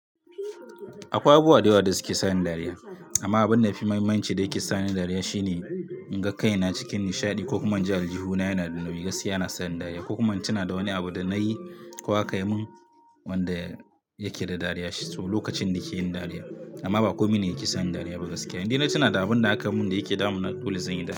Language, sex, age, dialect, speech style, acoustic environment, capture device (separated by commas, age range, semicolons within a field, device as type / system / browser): Hausa, male, 25-34, Nigeria - Katsina, happy; relaxed, nature; music, mobile / Linux / Chrome